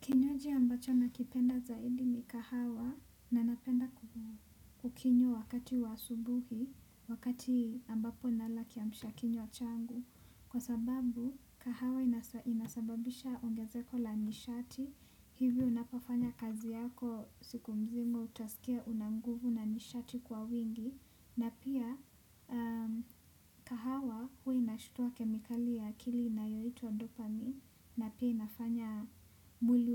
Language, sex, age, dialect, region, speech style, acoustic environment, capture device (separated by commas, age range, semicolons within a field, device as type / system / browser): Swahili, female, 18-24, Kenya - Nairobi Sheng-influenced, Kenya, relaxed, traffic; silence, desktop / Windows / Chrome